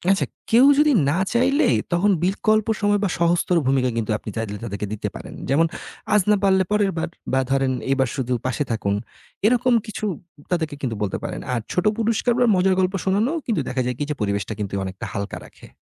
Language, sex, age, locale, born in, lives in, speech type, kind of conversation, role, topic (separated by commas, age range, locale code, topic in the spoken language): Bengali, male, 30-34, bn-BD, Bangladesh, Bangladesh, read, expert-assistant, expert, পরিবারের সদস্যদের মধ্যে বন্ধন মজবুত করতে বাস্তব অভিজ্ঞতা শেয়ার করেন এমন কোনো ইউটিউব চ্যানেল বা ফোরাম আছে কি?